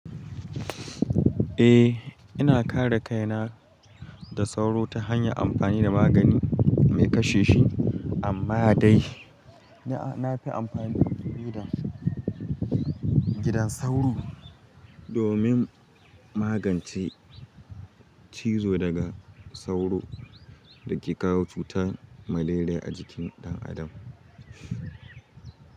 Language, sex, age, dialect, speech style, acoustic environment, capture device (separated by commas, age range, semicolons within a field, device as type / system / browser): Hausa, male, 18-24, Nigeria - Katsina, happy, silence, mobile / Linux / Chrome